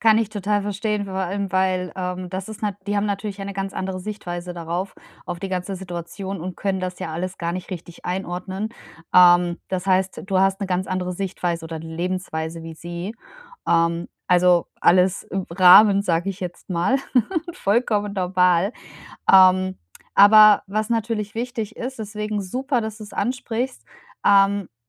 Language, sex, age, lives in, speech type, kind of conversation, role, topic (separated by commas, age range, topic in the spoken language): German, female, 35-39, Germany, conversation, advice, advisor, Wie setze ich klare Grenzen, um mich wirklich zu erholen, ohne Schuldgefühle zu haben?